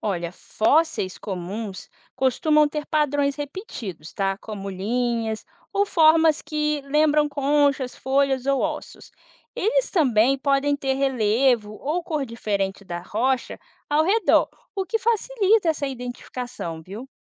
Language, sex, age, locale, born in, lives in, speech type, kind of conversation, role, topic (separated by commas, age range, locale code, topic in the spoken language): Portuguese, female, 25-29, pt-BR, Brazil, United States, read, expert-assistant, expert, Como explorar fósseis com crianças fora dos museus?